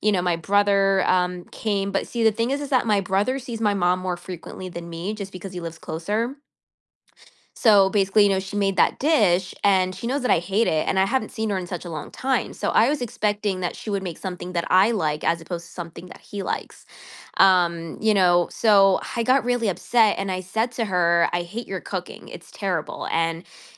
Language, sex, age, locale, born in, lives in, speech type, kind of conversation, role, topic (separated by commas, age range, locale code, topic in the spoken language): English, female, 25-29, en-US, United States, United States, conversation, advice, user, How do I apologize and rebuild trust after saying something I regret in an argument?